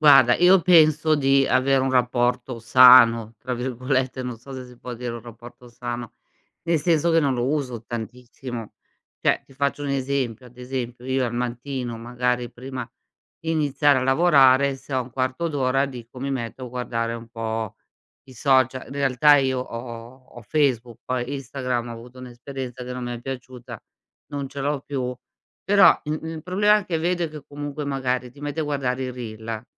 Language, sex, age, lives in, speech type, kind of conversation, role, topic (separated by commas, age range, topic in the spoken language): Italian, female, 55-59, Italy, conversation, podcast, guest, Come usi lo smartphone nella vita di tutti i giorni?